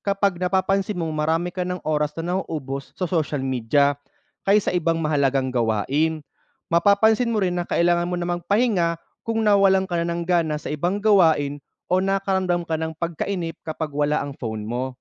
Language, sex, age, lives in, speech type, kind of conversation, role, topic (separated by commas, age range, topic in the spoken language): Filipino, male, 30-34, Philippines, read, expert-assistant, expert, Ano ang epekto ng maagang paggamit ng social media sa pag-uugali ng kabataan?